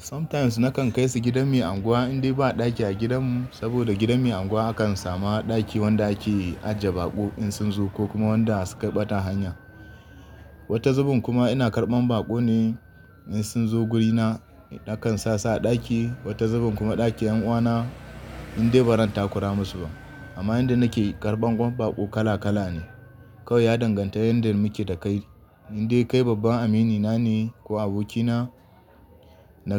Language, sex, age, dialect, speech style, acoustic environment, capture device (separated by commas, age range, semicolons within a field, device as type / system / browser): Hausa, male, 25-34, Nigeria - Kano, happy; excited; relaxed, nature, desktop / Linux / Chrome